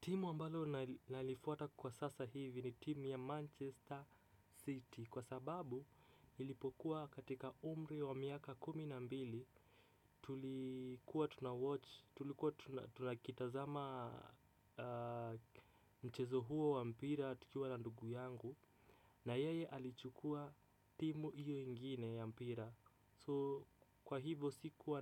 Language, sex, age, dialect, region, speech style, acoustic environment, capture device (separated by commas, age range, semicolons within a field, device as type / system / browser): Swahili, male, 25-34, Kenya - Mombasa, Kenya, relaxed, nature, desktop / Windows / Chrome